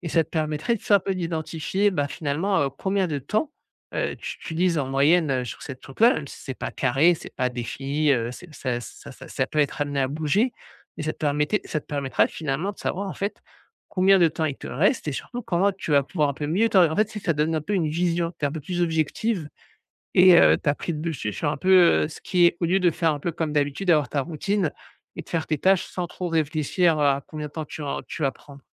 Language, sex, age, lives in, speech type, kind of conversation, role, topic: French, male, 35-39, France, conversation, advice, advisor, Comment puis-je regrouper efficacement mes tâches similaires afin de gagner du temps ?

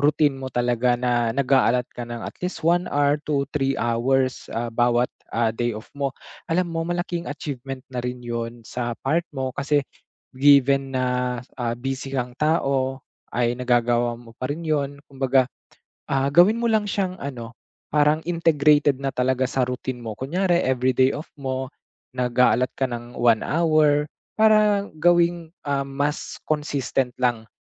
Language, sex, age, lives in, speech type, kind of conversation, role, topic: Filipino, male, 25-29, Philippines, conversation, advice, advisor, Paano ako makakabuo ng simpleng pang-araw-araw na rutina para magpahinga at manatiling tuloy-tuloy?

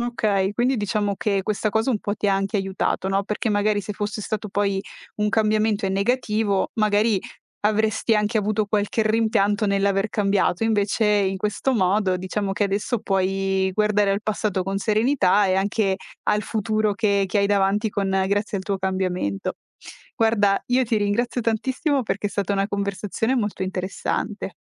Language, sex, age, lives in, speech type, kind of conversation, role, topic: Italian, female, 25-29, Italy, conversation, podcast, host, Quando hai capito di dover cambiare qualcosa nella tua vita?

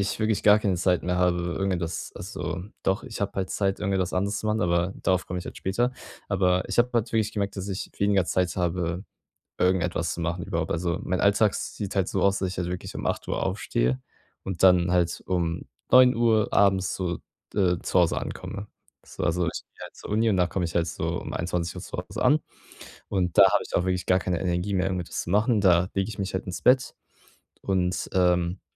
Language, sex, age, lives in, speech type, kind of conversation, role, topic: German, male, 18-19, Germany, conversation, advice, user, Wie treffe ich Entscheidungen, die mit meinen persönlichen Werten im Einklang sind?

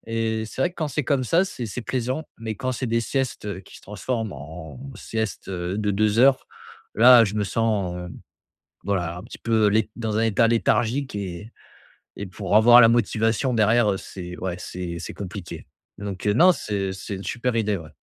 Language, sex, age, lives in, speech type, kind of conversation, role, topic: French, male, 25-29, France, conversation, advice, user, Comment puis-je établir des horaires de sommeil cohérents malgré un emploi du temps irrégulier ?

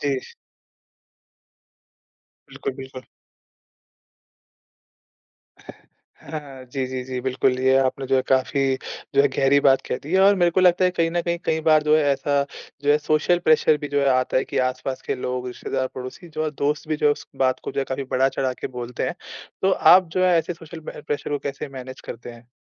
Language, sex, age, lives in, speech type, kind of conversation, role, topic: Hindi, male, 20-24, India, conversation, podcast, host, जब आपने माता‑पिता की सलाह नहीं मानी, तो उसका आपके लिए क्या परिणाम हुआ?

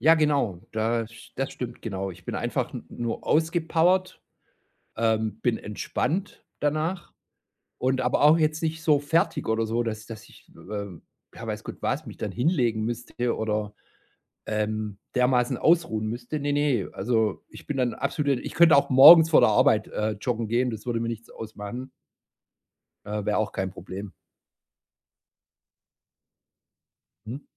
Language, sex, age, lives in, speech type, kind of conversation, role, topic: German, male, 45-49, Germany, conversation, podcast, guest, Welches Hobby hilft dir am besten, um zu entspannen?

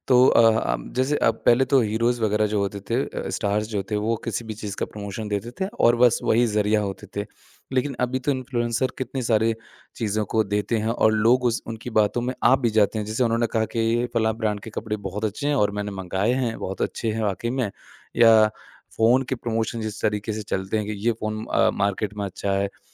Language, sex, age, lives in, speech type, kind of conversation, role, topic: Hindi, male, 25-29, India, conversation, podcast, host, सोशल मीडिया पर खुद को दिखाने का दबाव तुम्हें कैसे प्रभावित करता है?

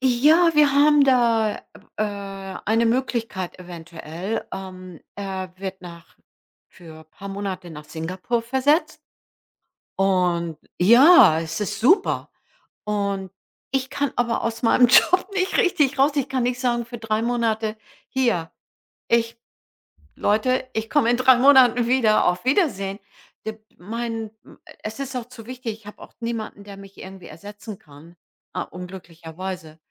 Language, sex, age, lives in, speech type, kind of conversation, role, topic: German, female, 65-69, United States, conversation, advice, user, Wie kann ich herausfinden, welche Lebensziele mir wirklich wichtig sind?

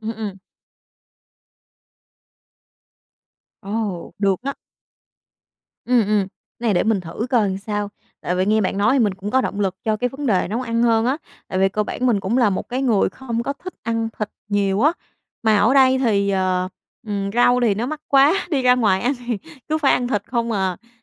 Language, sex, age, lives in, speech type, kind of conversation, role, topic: Vietnamese, female, 25-29, Vietnam, conversation, advice, user, Làm thế nào để quản lý chi phí sinh hoạt tăng lên sau khi chuyển đến nơi ở mới?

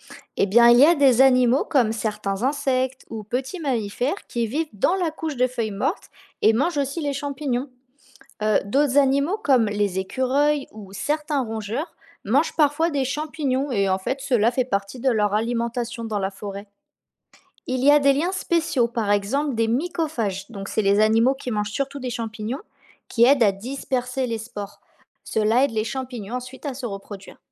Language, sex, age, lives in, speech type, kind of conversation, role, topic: French, female, 20-24, France, read, expert-assistant, expert, Pourquoi les feuilles tombent-elles des arbres en hiver ?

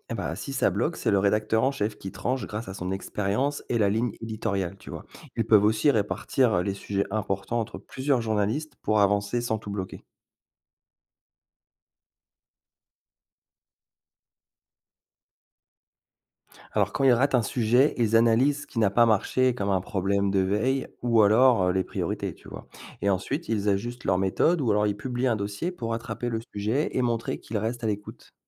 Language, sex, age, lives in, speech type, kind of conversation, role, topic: French, male, 40-44, France, read, expert-assistant, expert, Comment une rédaction décide-t-elle quelles actualités traiter en priorité quand tout arrive en même temps ?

